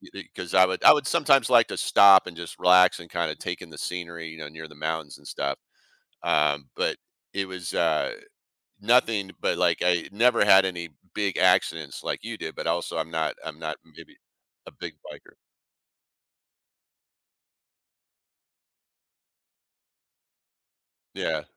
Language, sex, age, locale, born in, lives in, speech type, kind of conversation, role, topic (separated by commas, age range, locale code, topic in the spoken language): English, male, 55-59, en-US, United States, United States, conversation, podcast, host, What memorable experience have you had related to your hobby?